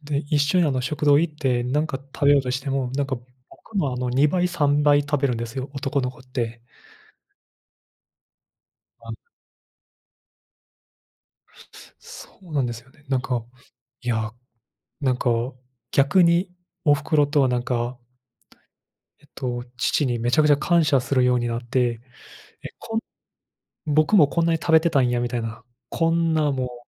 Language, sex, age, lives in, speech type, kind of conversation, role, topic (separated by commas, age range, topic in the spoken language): Japanese, male, 25-29, Japan, conversation, unstructured, none, 運動を始めてから、どんなことが変わりましたか？